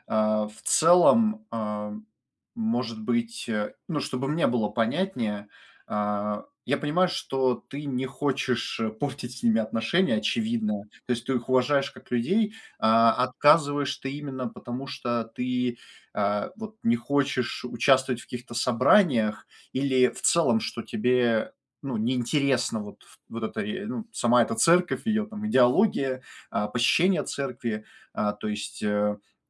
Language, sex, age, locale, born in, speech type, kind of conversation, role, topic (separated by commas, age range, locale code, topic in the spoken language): Russian, male, 25-29, ru-RU, Russia, conversation, advice, advisor, Как вежливо отказываться от приглашений, чтобы никого не обидеть и сохранить хорошие отношения?